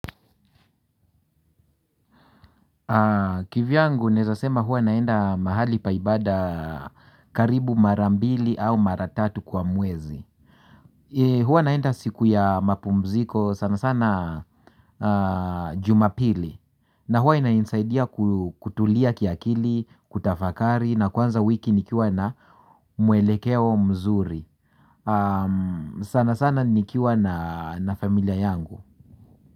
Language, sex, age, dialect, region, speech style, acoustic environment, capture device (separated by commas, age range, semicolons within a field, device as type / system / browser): Swahili, male, 45-54, Kenya - Nairobi Sheng-influenced, Kenya, happy, nature; silence, mobile / Linux / Chrome